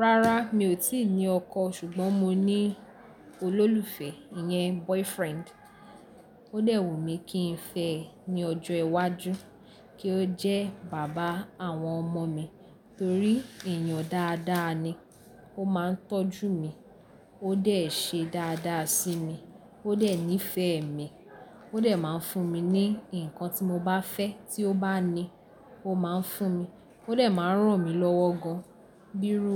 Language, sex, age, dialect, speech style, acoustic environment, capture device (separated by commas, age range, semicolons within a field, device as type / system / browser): Yoruba, female, 18-24, Nigeria - Lagos Yoruba, happy; excited; focused, people talking, mobile / Linux / Chrome